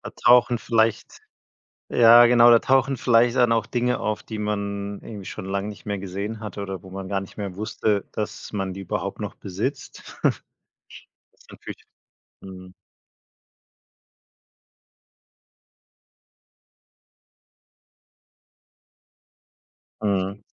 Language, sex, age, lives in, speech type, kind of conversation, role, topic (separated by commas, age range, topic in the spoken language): German, male, 30-34, Germany, conversation, podcast, host, Wie entscheidest du, was du aussortierst und was du behältst?